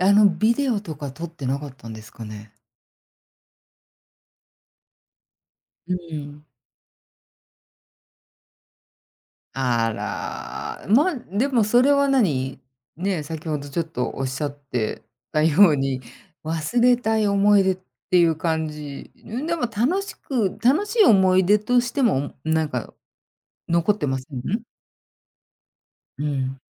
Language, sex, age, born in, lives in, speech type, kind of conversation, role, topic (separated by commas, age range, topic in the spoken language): Japanese, female, 50-54, Japan, United States, conversation, podcast, host, 学校の文化祭で一番印象に残っている思い出は何ですか？